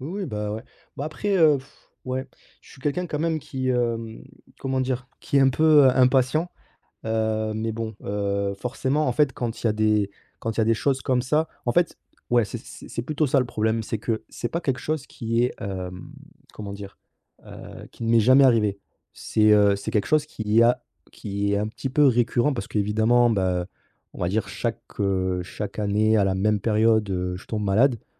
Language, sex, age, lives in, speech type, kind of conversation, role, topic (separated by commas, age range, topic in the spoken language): French, male, 30-34, France, conversation, advice, user, Comment puis-je reprendre une habitude saine après une longue pause ?